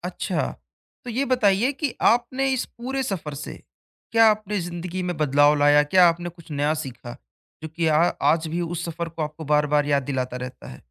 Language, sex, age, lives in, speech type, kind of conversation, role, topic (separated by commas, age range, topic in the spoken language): Hindi, male, 20-24, India, conversation, podcast, host, Kisi culture ki mehmaan-nawazi ne tumhe kaise hairaan kiya?